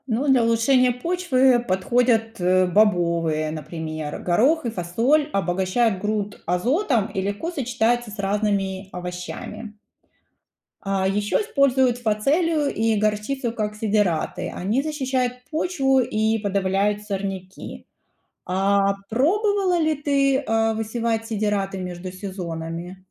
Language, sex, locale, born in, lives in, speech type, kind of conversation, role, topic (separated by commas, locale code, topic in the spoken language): Russian, female, ru-RU, Ukraine, United States, read, expert-assistant, expert, Если бы поле было как квартира, какие «правила соседства» действовали бы между разными растениями?